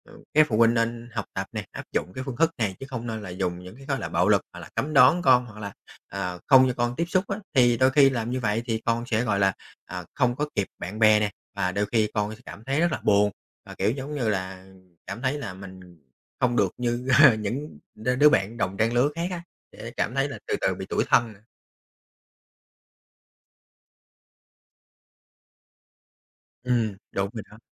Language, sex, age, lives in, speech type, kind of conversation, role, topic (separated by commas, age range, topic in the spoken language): Vietnamese, male, 30-34, Vietnam, conversation, podcast, guest, Bạn cho phép con cái dùng mạng xã hội đến mức nào?